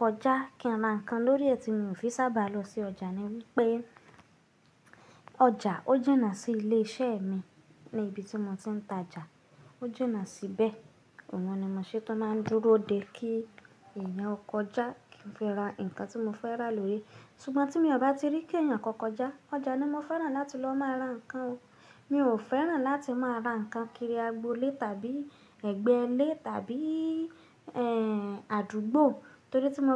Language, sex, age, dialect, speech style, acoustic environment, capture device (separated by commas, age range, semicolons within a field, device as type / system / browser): Yoruba, female, 25-34, Nigeria - Nigerian Standard, happy, people talking, mobile / Linux / Chrome